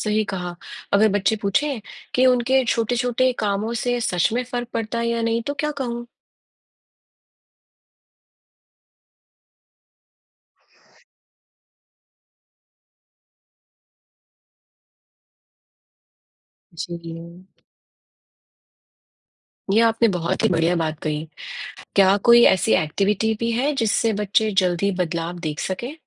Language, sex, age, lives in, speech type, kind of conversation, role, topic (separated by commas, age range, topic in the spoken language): Hindi, female, 45-49, United States, read, expert-assistant, asker, मौसम और जलवायु के बीच के अंतर को समझाने के लिए कोई अच्छा उदाहरण या रूपक क्या हो सकता है?